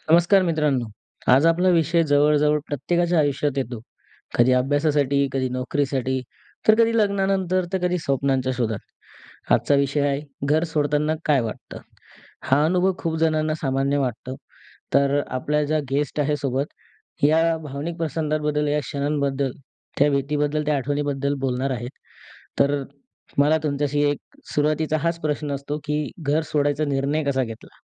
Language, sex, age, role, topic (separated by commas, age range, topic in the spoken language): Marathi, male, 20-24, host, घर सोडताना तुला नेमकं काय वाटलं?